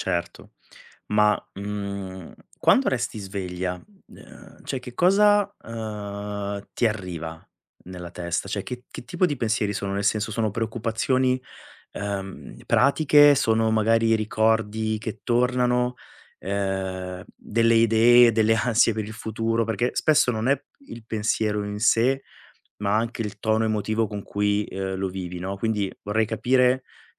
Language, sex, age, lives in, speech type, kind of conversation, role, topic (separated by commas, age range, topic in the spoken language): Italian, male, 40-44, Italy, conversation, advice, advisor, Come posso gestire l’insonnia ricorrente causata da pensieri notturni?